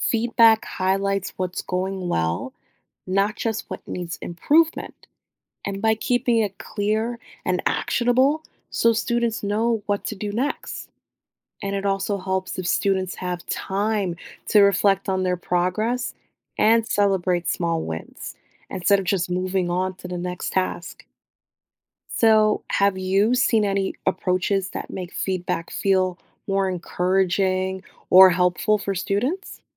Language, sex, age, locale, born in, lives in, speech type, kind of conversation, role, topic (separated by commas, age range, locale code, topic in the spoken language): English, female, 30-34, en-US, United States, United States, read, expert-assistant, expert, How would AI tutors impact teachers, parents, and students?